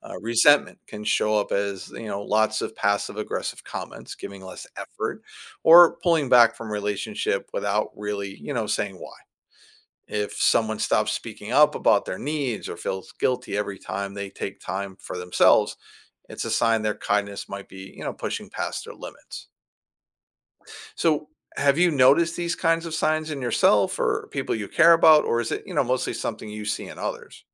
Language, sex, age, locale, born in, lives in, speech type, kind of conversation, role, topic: English, male, 50-54, en-US, United States, United States, read, expert-assistant, expert, How does kindness impact relationships?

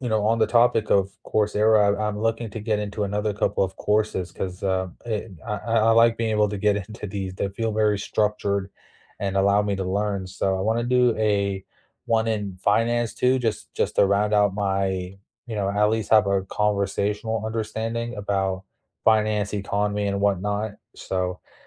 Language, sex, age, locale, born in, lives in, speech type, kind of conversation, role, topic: English, male, 25-29, en-US, United States, United States, conversation, unstructured, none, What are you looking forward to this month, and how can we share or support it together?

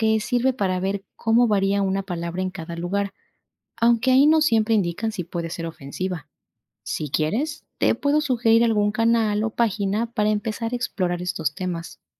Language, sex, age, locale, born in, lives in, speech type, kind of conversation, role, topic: Spanish, female, 25-29, es-EC, Ecuador, Spain, read, expert-assistant, expert, ¿Cómo puedo identificar palabras con significados diferentes en el español según la región?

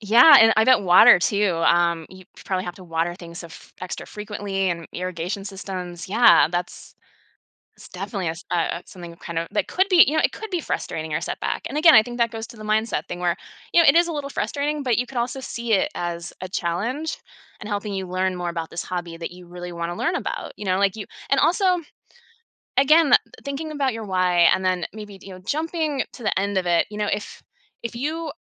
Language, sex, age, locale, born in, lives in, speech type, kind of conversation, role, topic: English, female, 40-44, en-US, United States, United States, conversation, podcast, guest, How do you stay motivated and keep enjoying a hobby when you face challenges or setbacks?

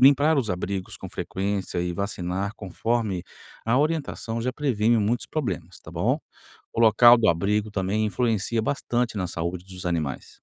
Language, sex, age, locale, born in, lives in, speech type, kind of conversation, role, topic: Portuguese, male, 45-49, pt-BR, Brazil, United States, read, expert-assistant, expert, Quais são as melhores maneiras de aprender na prática a cuidar de diferentes tipos de animais de fazenda?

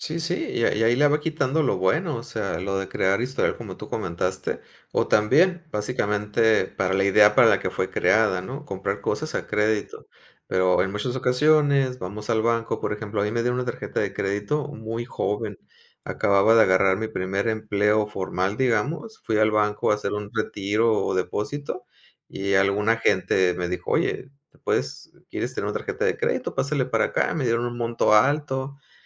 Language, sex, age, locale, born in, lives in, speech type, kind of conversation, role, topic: Spanish, male, 40-44, es-MX, Mexico, Mexico, conversation, unstructured, none, ¿Qué opinas sobre el endeudamiento con tarjetas de crédito?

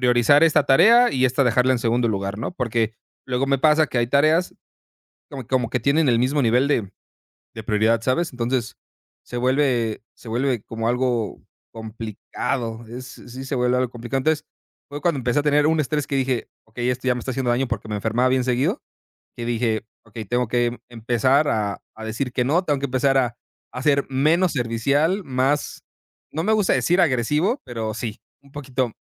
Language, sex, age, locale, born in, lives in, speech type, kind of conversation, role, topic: Spanish, male, 30-34, es-MX, Mexico, Mexico, conversation, advice, user, ¿Cómo puedo poner límites y reservar tiempo sin interrupciones?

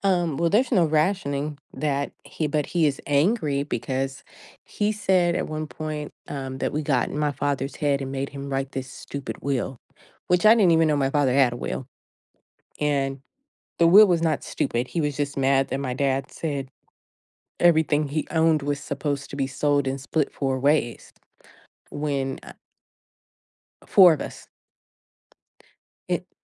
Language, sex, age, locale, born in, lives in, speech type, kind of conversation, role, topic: English, female, 35-39, en-US, United States, United States, conversation, advice, user, How can I learn to forgive someone who hurt me?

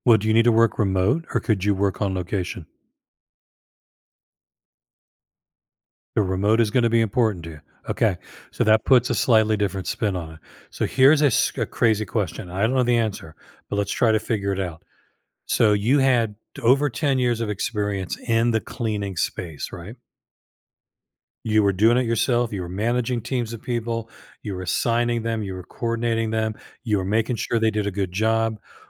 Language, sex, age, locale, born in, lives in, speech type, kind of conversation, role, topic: English, male, 65-69, en-US, United States, United States, conversation, advice, advisor, How can I reduce stress at work without it affecting my personal life?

